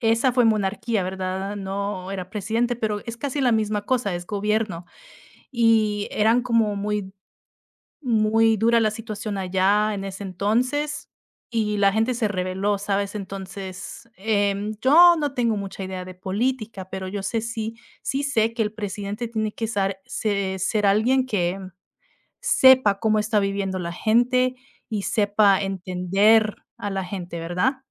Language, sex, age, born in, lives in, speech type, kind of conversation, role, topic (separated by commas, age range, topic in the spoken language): Spanish, female, 30-34, United States, United States, conversation, unstructured, none, ¿Qué cualidades valoras más en un buen presidente o gobernante?